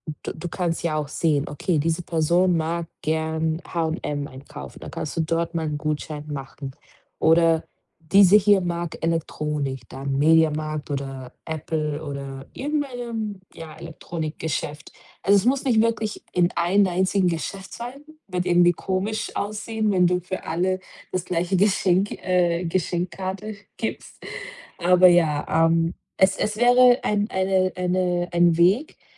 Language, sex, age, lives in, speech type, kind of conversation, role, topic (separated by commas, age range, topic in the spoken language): German, female, 25-29, Portugal, conversation, advice, advisor, Wie finde ich trotz Zeitmangel passende Kleidung und Geschenke?